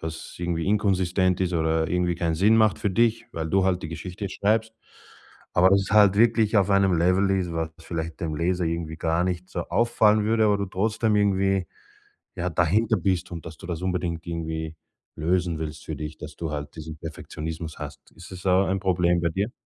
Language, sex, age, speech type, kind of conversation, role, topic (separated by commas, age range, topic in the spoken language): German, male, 35-39, conversation, podcast, host, Welche kleinen Übungen helfen dir dabei, festgefahrene Ideen wieder zu lockern?